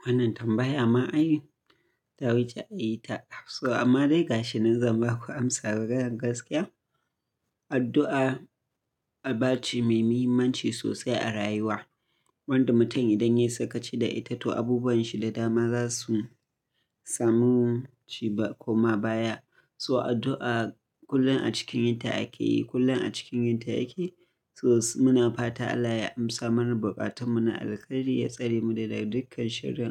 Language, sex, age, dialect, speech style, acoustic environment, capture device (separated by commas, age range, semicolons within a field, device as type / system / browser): Hausa, male, 25-34, Nigeria - Kano, happy, silence; nature, mobile / Linux / Chrome